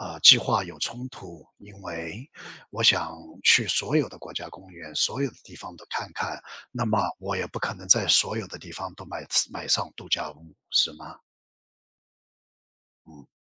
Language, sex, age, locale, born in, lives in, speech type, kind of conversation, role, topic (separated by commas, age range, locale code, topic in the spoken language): Chinese, male, 55-59, zh-CN, China, United States, conversation, advice, user, 即将退休后，我该如何充实自己的时间？